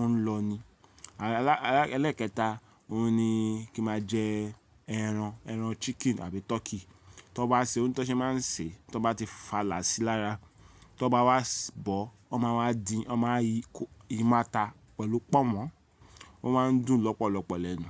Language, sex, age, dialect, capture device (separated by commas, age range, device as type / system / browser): Yoruba, male, 35-44, Nigeria - Lagos Yoruba, mobile / Linux / Chrome